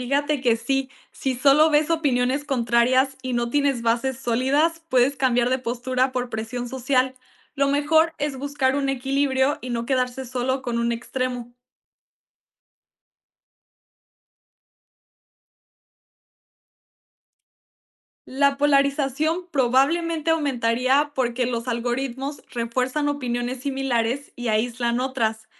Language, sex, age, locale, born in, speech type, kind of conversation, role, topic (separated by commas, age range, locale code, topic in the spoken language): Spanish, female, 18-19, es-MX, Mexico, read, expert-assistant, expert, ¿Qué pasaría si los medios tradicionales desaparecieran y solo existieran redes sociales para informarnos?